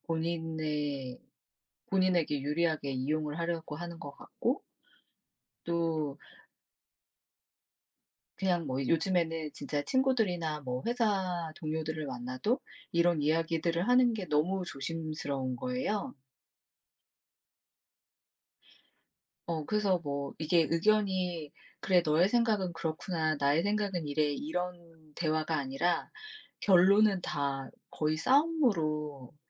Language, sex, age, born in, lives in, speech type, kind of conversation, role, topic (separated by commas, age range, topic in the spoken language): Korean, female, 40-44, South Korea, United States, conversation, unstructured, none, 요즘 사회 문제 중에서 가장 중요하다고 생각하는 것은 무엇인가요?